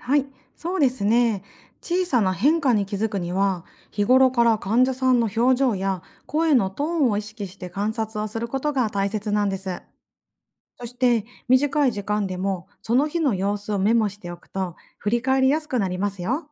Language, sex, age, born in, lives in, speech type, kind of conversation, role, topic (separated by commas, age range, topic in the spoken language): Japanese, female, 40-44, Japan, Japan, read, expert-assistant, expert, 患者さんの気持ちに寄り添う力を高めるには、どんな方法がありますか？